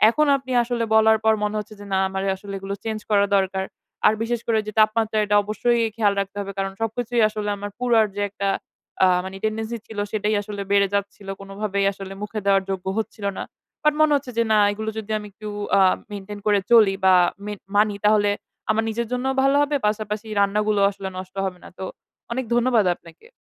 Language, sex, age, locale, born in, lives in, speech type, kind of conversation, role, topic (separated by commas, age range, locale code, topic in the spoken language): Bengali, female, 20-24, bn-BD, Bangladesh, Bangladesh, conversation, advice, user, সহজ রেসিপি অনুসরণ করে আমি কীভাবে রান্নার আত্মবিশ্বাস বাড়াতে পারি?